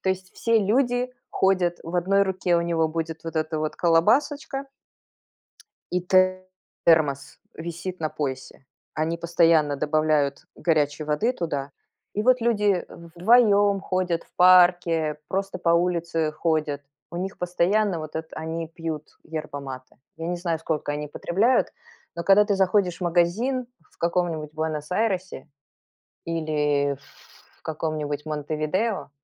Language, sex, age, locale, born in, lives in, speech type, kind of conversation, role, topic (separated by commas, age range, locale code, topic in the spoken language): Russian, female, 45-49, ru-RU, Belarus, United States, conversation, podcast, guest, Как у вас проходит утренний ритуал с чаем или кофе?